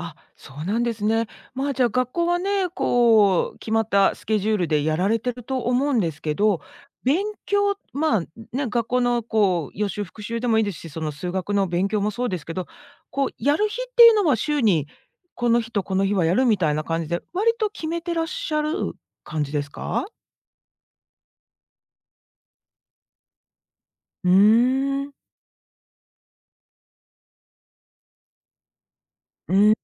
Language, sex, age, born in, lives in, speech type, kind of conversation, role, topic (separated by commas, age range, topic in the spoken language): Japanese, female, 50-54, Japan, United States, conversation, podcast, host, 勉強が楽しくなるための小さな工夫には、どんなものがありますか？